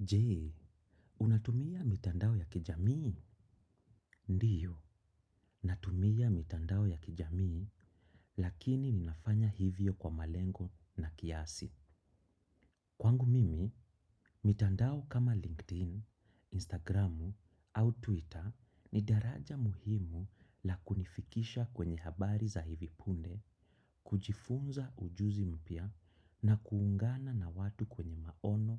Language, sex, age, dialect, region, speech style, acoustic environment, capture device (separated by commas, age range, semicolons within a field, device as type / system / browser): Swahili, male, 25-34, Kenya - Nairobi Sheng-influenced, Kenya, excited; focused; nervous, silence, desktop / macOS / Chrome